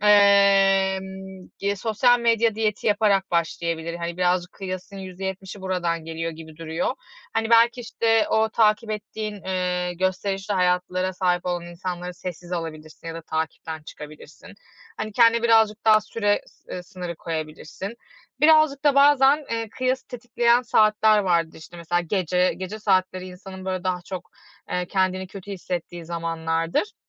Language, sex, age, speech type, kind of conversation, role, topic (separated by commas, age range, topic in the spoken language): Turkish, female, 35-39, conversation, advice, advisor, Başkalarıyla kendini kıyaslama eğilimini nasıl azaltabilirsin?